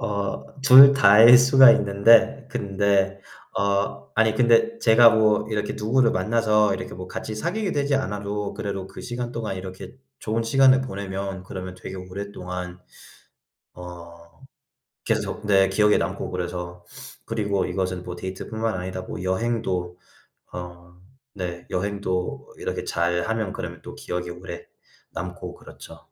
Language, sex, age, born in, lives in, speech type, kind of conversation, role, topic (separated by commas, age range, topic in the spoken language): Korean, male, 25-29, United States, United States, conversation, unstructured, none, 돈을 쓸 때 가장 중요하게 생각하는 것은 무엇인가요?